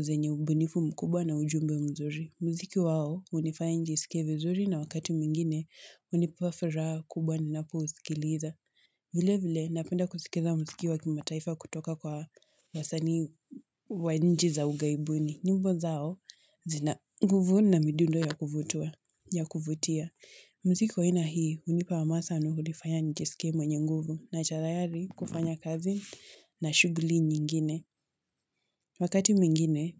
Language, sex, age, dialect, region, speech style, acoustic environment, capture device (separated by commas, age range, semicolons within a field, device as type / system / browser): Swahili, female, 18-24, Kenya - Mombasa, Kenya, relaxed; focused, people talking; nature, mobile / Linux / Chrome